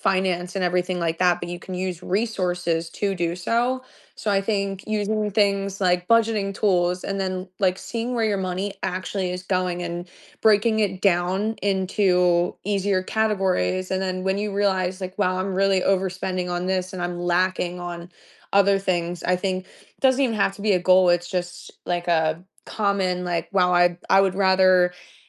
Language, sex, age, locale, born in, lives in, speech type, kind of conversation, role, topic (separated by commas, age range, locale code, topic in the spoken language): English, female, 25-29, en-US, United States, United States, conversation, podcast, guest, What simple changes can help people build better money habits over time?